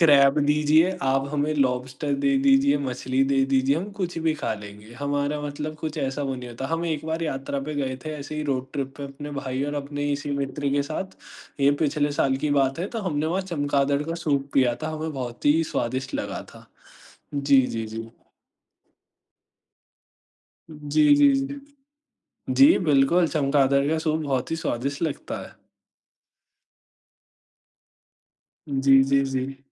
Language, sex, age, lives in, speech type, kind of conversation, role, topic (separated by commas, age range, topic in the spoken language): Hindi, male, 45-49, India, conversation, unstructured, none, आपका सबसे पसंदीदा यात्रा अनुभव कौन सा रहा है?